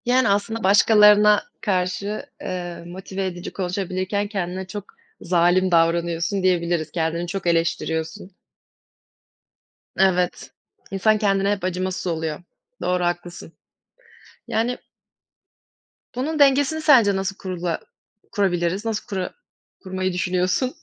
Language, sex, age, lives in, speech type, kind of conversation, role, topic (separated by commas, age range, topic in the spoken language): Turkish, female, 20-24, Germany, conversation, unstructured, none, Kendimize karşı şefkatli olmak neden önemlidir?